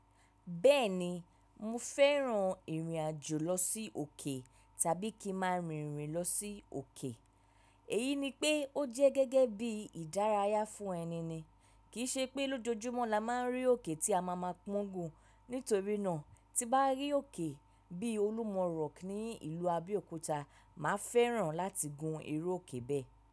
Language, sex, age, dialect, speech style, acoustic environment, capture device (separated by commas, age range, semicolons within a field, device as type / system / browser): Yoruba, female, 25-34, Nigeria - Lagos Yoruba, relaxed; focused, silence, mobile / macOS / Safari